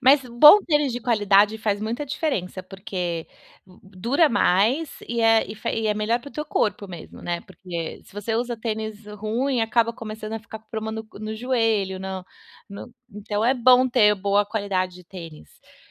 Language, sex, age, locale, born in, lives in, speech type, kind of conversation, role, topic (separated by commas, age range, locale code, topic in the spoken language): Portuguese, female, 35-39, pt-BR, Brazil, United States, conversation, advice, advisor, Como posso descobrir meu estilo pessoal e me sentir mais confiante?